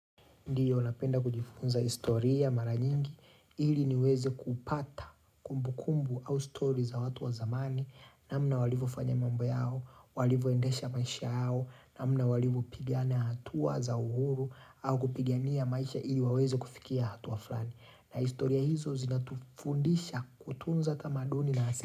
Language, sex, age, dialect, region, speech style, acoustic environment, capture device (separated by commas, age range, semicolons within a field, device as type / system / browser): Swahili, male, 25-34, Tanzania - Dar es Salaam, Tanzania, relaxed, nature, mobile / Linux / Chrome